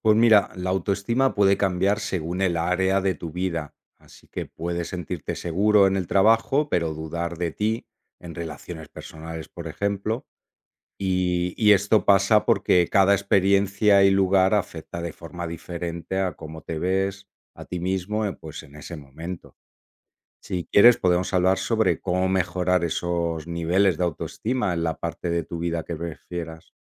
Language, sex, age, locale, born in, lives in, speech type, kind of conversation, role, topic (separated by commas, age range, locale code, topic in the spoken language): Spanish, male, 45-49, es-ES, Spain, Italy, read, expert-assistant, expert, ¿Cómo influye la autoestima en el logro de objetivos?